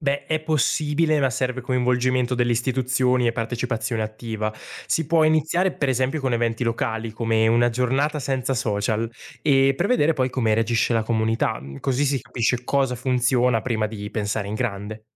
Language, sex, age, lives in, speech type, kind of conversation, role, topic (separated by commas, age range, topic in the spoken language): Italian, male, 20-24, Italy, read, expert-assistant, expert, Cosa succederebbe se i social network improvvisamente sparissero per una settimana? Come cambierebbe la nostra vita quotidiana?